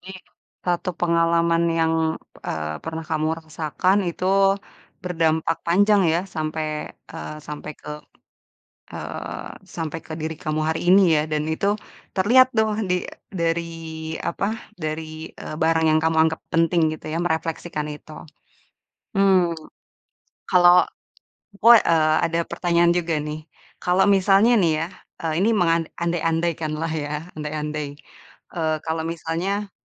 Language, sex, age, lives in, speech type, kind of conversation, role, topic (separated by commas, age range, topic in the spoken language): Indonesian, female, 30-34, Indonesia, conversation, podcast, host, Pernahkah kamu memakai sesuatu yang membuat rasa percaya dirimu terasa berbeda?